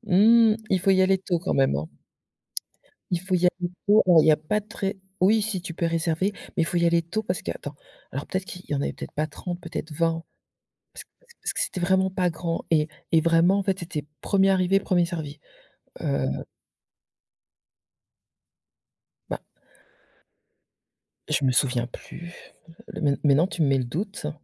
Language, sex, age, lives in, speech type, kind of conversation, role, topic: French, female, 45-49, France, conversation, podcast, guest, Quel petit restaurant de quartier recommandes-tu, et pourquoi ?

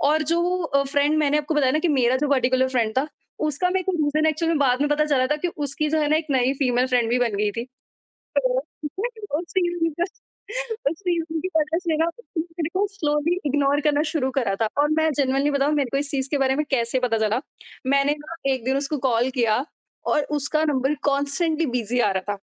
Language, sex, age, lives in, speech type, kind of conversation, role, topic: Hindi, female, 25-29, India, conversation, podcast, guest, Tumhare hisaab se online dosti aur real-life dosti mein sabse bada farq kya hai?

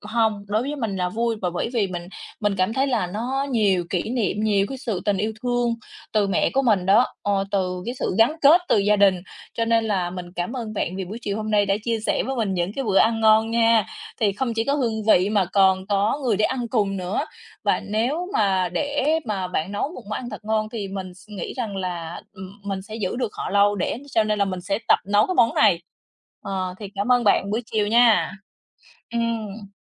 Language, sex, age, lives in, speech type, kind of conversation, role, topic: Vietnamese, female, 35-39, Vietnam, conversation, unstructured, none, Món ăn nào khiến bạn cảm thấy hạnh phúc nhất khi ăn?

